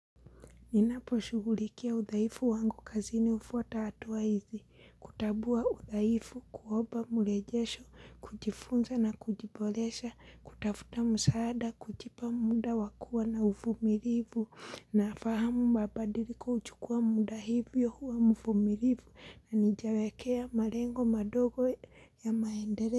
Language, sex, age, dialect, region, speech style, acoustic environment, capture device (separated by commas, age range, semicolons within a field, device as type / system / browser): Swahili, female, 25-34, Kenya - Mombasa, Kenya, happy; relaxed; focused, people talking; silence; appliances, mobile / Linux / Chrome